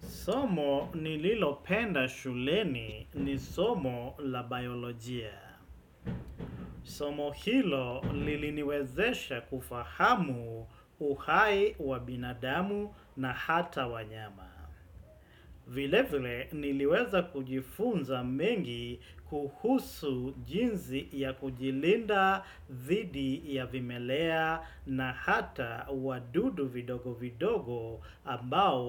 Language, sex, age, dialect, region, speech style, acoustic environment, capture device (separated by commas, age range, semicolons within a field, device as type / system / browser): Swahili, male, 35-44, Kenya - Mombasa, Kenya, excited, silence, desktop / Windows / Chrome